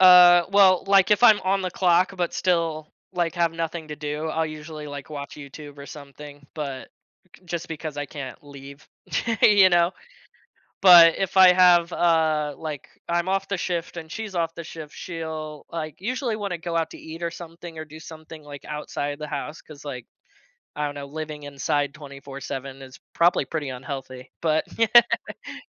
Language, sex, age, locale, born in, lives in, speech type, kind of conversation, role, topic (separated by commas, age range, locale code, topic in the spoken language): English, male, 20-24, en-US, United States, United States, conversation, advice, user, How can you balance work and your personal life?